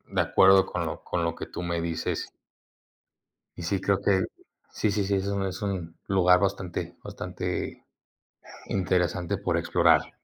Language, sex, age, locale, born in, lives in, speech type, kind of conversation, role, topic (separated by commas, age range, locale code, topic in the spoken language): Spanish, male, 20-24, es-MX, Mexico, Mexico, conversation, unstructured, none, ¿Cuál es tu lugar natural favorito y por qué?